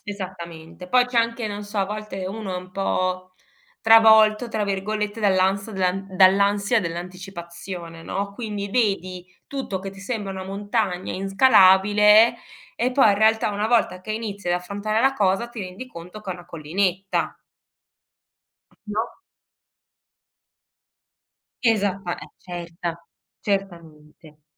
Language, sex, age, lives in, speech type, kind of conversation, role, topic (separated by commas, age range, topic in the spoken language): Italian, female, 40-44, Germany, conversation, podcast, guest, Come reagisci quando qualcuno non rispetta i tuoi limiti?